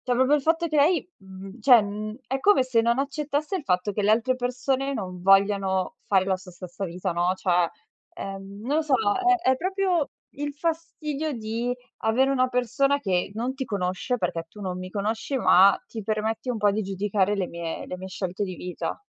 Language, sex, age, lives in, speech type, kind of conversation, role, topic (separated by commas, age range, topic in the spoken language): Italian, female, 25-29, Italy, conversation, advice, user, Come posso gestire il confronto costante con i miei amici sui successi lavorativi?